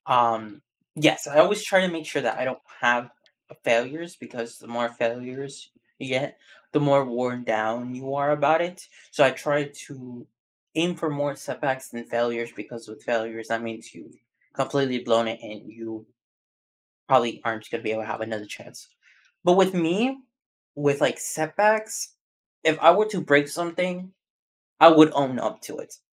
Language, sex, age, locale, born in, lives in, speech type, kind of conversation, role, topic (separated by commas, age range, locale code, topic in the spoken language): English, male, 18-19, en-US, United States, United States, conversation, podcast, guest, How do you handle setbacks?